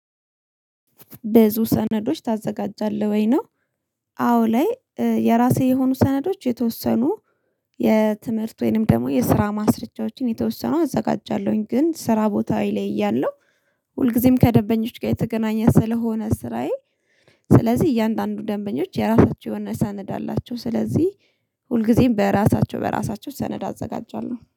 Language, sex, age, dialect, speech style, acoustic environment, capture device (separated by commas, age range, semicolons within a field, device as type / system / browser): Amharic, female, 25-34, Ethiopia - Addis Ababa, happy; relaxed; focused; excited, silence, mobile / Linux / Chrome